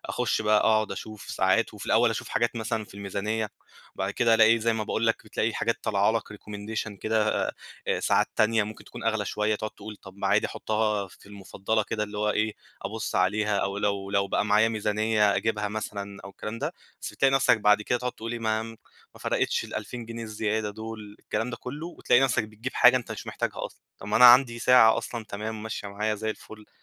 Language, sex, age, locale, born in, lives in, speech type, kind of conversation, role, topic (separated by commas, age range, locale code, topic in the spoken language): Arabic, male, 25-29, ar-EG, Egypt, Egypt, conversation, advice, user, إزاي أتسوّق بذكاء وأوفّر وقتي وفلوسي من غير ما أشتري حاجات مش محتاجها؟